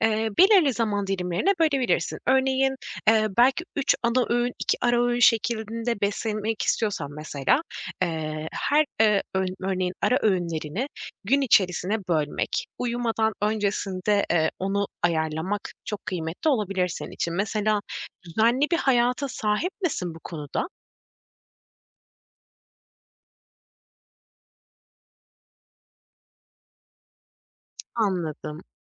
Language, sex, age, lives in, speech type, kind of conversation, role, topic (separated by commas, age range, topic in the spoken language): Turkish, female, 25-29, Poland, conversation, advice, advisor, Açlık ve tokluk sinyallerimi nasıl ayırt edebilirim?